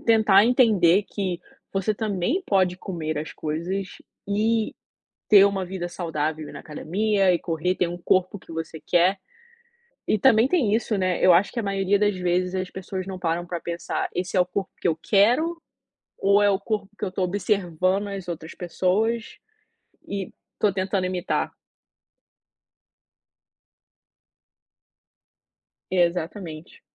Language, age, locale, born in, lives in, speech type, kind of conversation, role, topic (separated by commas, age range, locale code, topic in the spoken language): Portuguese, 25-29, pt-BR, Brazil, United States, conversation, unstructured, none, Você sente que a sociedade valoriza mais a aparência do que a saúde?